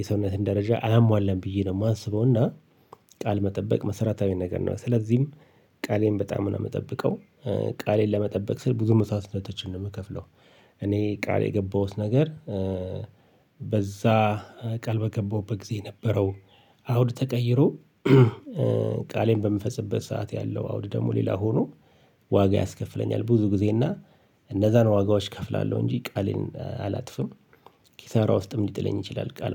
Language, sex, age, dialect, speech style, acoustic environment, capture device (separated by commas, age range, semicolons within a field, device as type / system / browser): Amharic, male, 45-54, Ethiopia - Addis Ababa, relaxed, nature, mobile / Linux / Chrome